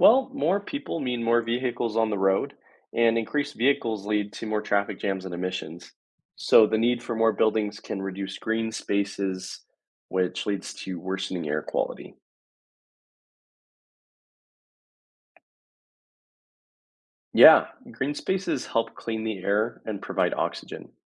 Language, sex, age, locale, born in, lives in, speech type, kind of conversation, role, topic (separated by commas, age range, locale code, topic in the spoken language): English, male, 20-24, en-US, United States, United States, read, expert-assistant, expert, What are the challenges of urbanization?